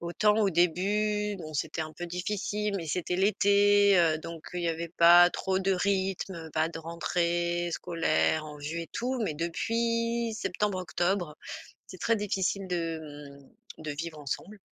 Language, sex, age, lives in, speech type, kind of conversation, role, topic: French, female, 45-49, France, conversation, advice, user, Comment retrouver un rythme après avoir perdu mes routines ?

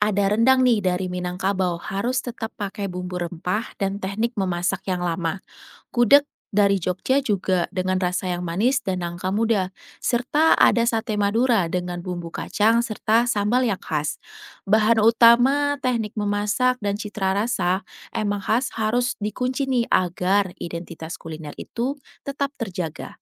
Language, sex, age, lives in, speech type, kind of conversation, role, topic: Indonesian, female, 30-34, Indonesia, read, expert-assistant, expert, Bagaimana pengaruh globalisasi terhadap tradisi makanan lokal dan kebiasaan nutrisi di Indonesia?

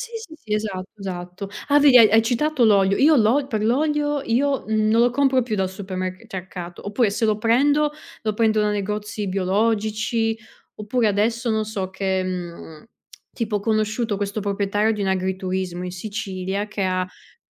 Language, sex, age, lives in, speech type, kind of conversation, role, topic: Italian, female, 30-34, Italy, conversation, unstructured, none, Pensi che la qualità degli alimenti influisca davvero sulla salute?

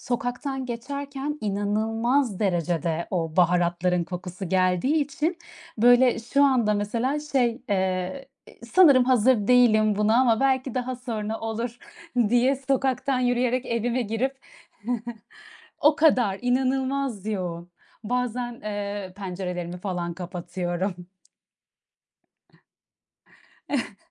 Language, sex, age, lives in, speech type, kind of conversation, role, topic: Turkish, female, 30-34, Netherlands, conversation, unstructured, none, En sevdiğin yemek hangisi ve onu neden seviyorsun?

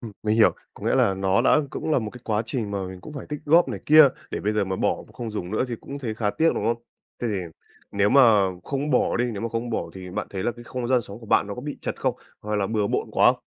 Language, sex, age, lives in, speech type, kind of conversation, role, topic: Vietnamese, male, 18-19, Vietnam, conversation, advice, advisor, Làm sao để tôi có thể vứt bỏ những món đồ đã lâu không dùng?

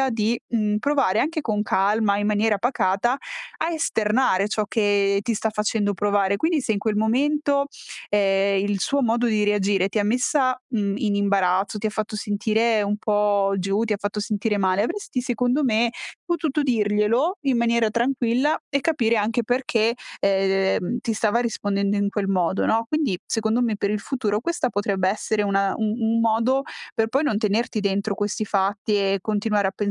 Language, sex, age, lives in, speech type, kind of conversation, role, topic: Italian, female, 25-29, Italy, conversation, advice, advisor, Come posso accogliere le critiche senza prendermela e imparare da esse?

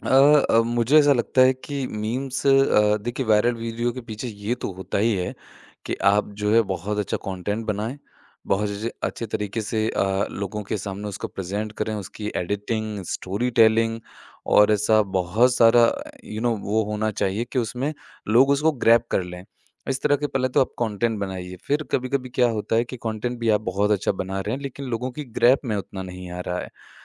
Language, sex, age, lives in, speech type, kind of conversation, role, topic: Hindi, male, 25-29, India, conversation, podcast, guest, मेमे और वायरल वीडियो लोगों को क्यों पसंद आते हैं?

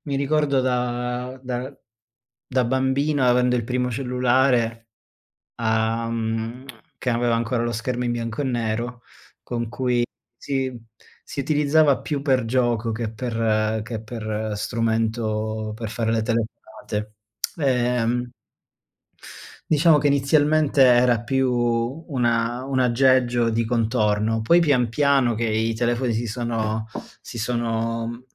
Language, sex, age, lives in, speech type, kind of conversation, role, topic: Italian, male, 30-34, Germany, conversation, unstructured, none, Qual è la cosa più sorprendente che la tecnologia abbia fatto per te?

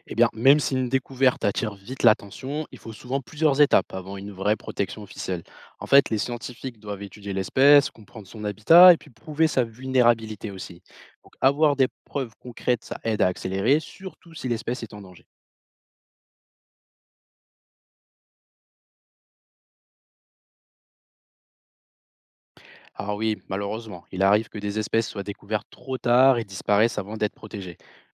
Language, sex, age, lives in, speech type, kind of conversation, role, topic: French, male, 25-29, France, read, expert-assistant, expert, Quels sont les meilleurs moyens pour vraiment comprendre la vie sous-marine, même quand on n’a pas accès à la mer ?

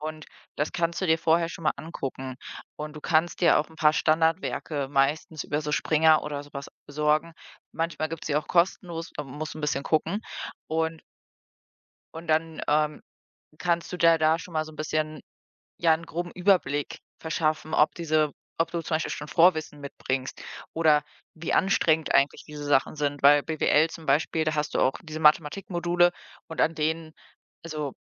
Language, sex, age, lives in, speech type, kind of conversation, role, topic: German, female, 25-29, Germany, conversation, advice, advisor, Sollte ich als Erwachsener eher eine Weiterbildung machen oder ein Studium beginnen?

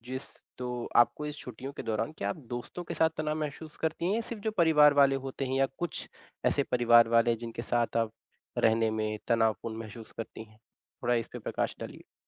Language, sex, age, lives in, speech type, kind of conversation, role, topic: Hindi, male, 25-29, India, conversation, advice, advisor, मैं छुट्टियों के दौरान होने वाले तनाव और अपेक्षाओं से कैसे निपटूँ?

